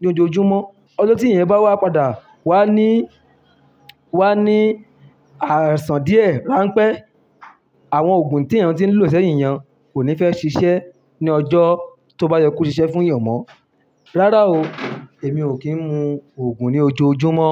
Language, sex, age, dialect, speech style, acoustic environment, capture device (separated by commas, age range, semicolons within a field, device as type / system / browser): Yoruba, male, 25-34, Nigeria - Ibadan, happy, people talking, mobile / Linux / Chrome